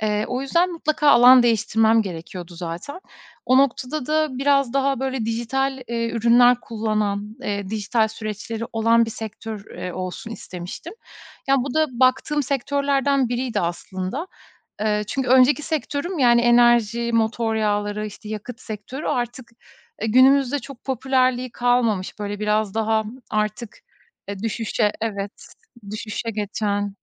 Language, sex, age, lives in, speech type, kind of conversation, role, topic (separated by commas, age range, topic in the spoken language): Turkish, female, 35-39, Estonia, conversation, podcast, guest, Yeni bir sektöre geçerken atacağın ilk adım ne olur?